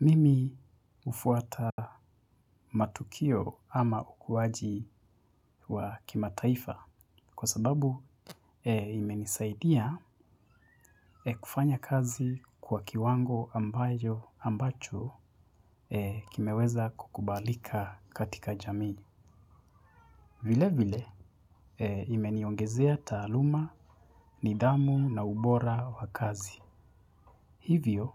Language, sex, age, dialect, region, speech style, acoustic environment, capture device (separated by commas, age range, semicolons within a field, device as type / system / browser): Swahili, male, 25-34, Kenya - Nairobi Sheng-influenced, Kenya, relaxed; focused, people talking; silence, mobile / Linux / Chrome